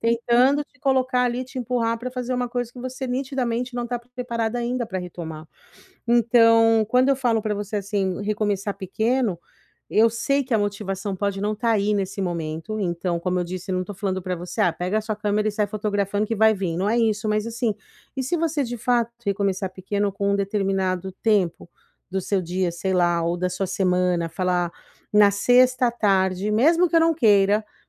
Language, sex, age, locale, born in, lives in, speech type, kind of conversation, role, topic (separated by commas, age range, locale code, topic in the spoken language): Portuguese, female, 40-44, pt-BR, Brazil, United States, conversation, advice, advisor, Como posso reacender a motivação depois de um período de estagnação?